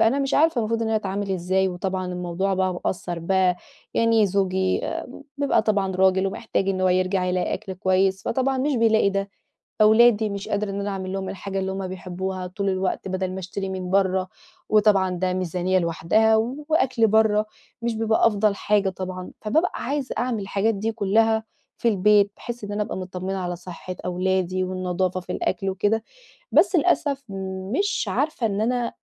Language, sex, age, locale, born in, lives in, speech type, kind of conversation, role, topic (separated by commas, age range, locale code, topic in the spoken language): Arabic, female, 30-34, ar-EG, Egypt, Portugal, conversation, advice, user, إزاي أتعامل مع الإحباط لما بفشل في الطبخ وإزاي أتحسّن فيه؟